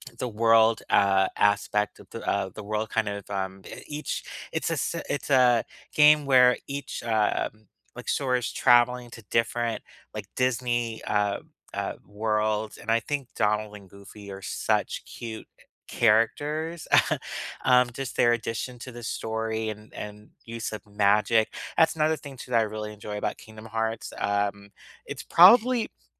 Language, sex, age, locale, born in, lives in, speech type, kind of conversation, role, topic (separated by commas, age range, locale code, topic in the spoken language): English, male, 35-39, en-US, United States, United States, conversation, unstructured, none, Which classic or childhood video games do you still replay just for nostalgia and fun, and what keeps you coming back to them?